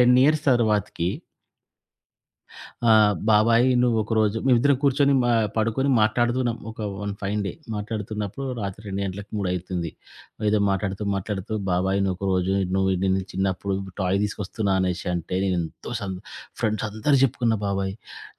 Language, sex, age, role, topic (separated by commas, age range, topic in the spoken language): Telugu, male, 40-44, guest, మాటలకంటే చర్యలే ఎక్కువ ప్రభావం చూపుతాయా?